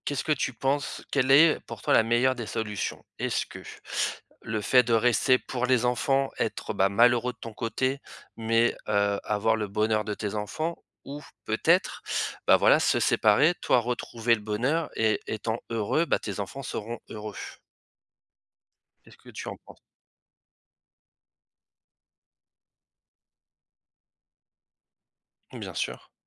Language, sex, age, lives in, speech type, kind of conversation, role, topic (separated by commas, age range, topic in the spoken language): French, male, 35-39, France, conversation, advice, advisor, Comment annoncer une séparation à son partenaire ?